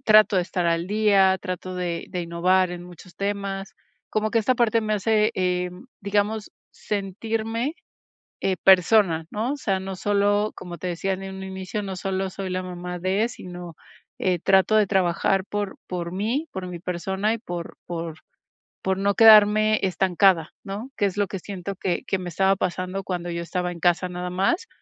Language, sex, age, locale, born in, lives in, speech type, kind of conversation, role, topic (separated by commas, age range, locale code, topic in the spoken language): Spanish, female, 40-44, es-MX, Mexico, Mexico, conversation, advice, user, ¿Cómo puedo mantener mi identidad personal mientras asumo nuevas responsabilidades familiares?